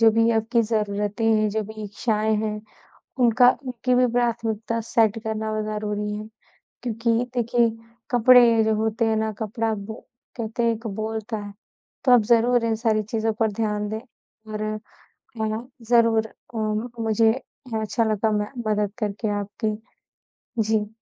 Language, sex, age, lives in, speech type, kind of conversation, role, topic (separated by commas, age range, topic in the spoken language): Hindi, female, 20-24, India, conversation, advice, advisor, वेतन बढ़ने के बाद भी आपकी बचत क्यों नहीं बढ़ रही है?